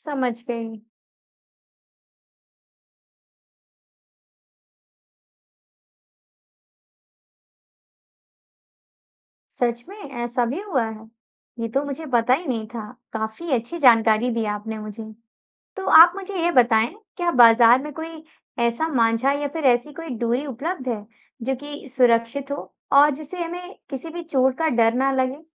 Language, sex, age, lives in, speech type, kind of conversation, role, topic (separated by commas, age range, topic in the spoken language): Hindi, female, 20-24, India, read, expert-assistant, asker, पतंग हवा में कैसे उड़ती है?